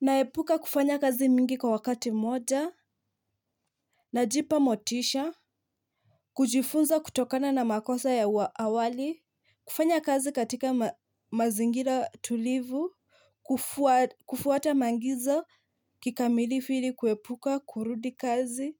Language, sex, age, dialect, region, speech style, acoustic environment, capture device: Swahili, female, 25-34, Kenya - Mombasa, Kenya, happy; nervous; focused, nature, mobile / Linux / Chrome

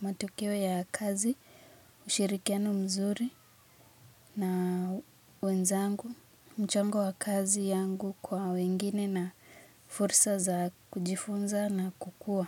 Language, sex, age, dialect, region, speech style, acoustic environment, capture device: Swahili, female, 18-24, Kenya - Nairobi Sheng-influenced, Kenya, focused; relaxed, nature, mobile / Linux / Chrome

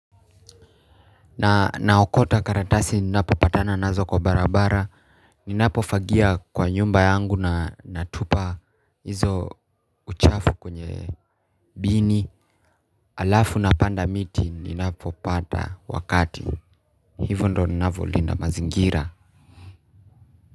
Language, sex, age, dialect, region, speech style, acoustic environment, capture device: Swahili, male, 18-24, Kenya - Nairobi Sheng-influenced, Kenya, happy, people talking; traffic, mobile / Linux / Chrome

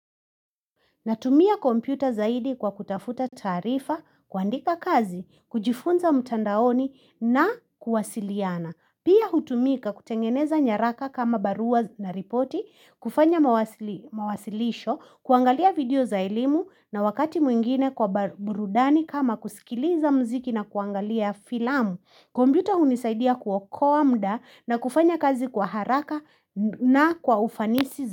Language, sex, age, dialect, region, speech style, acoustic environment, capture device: Swahili, female, 25-34, Kenya - Nairobi Sheng-influenced, Kenya, anxious; excited; nervous, people talking, mobile / Linux / Chrome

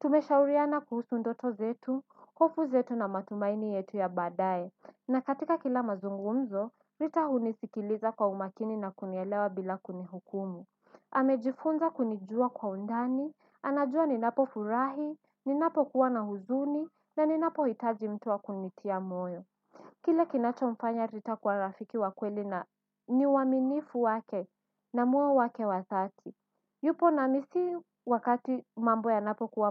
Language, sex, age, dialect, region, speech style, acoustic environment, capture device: Swahili, female, 18-24, Kenya - Mombasa, Kenya, focused, nature, desktop / Windows / Edge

